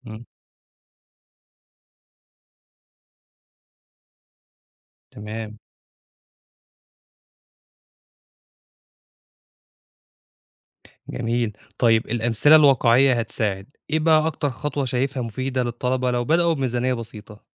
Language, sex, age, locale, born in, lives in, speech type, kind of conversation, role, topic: Arabic, male, 25-29, ar-EG, Egypt, Egypt, read, expert-assistant, asker, لو عندك فرصة تغير شكل المناهج في المدارس، إيه الأفكار الجديدة اللي ممكن تضيفها علشان تجهّز الطلبة لسوق العمل الحقيقي؟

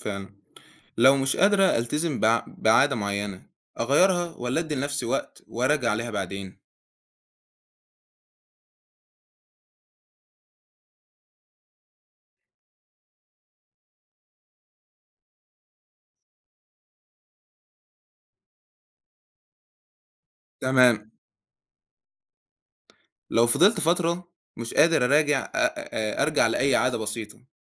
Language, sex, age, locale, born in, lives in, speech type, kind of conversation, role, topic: Arabic, male, 18-19, ar-EG, Egypt, Egypt, read, expert-assistant, asker, إزاي أقدر أخلق روتين يومي يساعدني أحافظ على صحتي النفسية وسط الزحمة والمشاغل؟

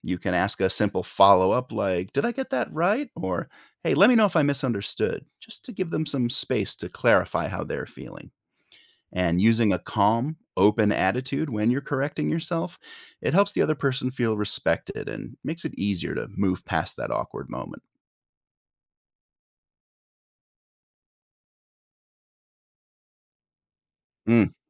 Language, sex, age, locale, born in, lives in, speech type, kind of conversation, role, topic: English, male, 45-49, en-US, United States, United States, read, expert-assistant, expert, How can empathy for others be developed?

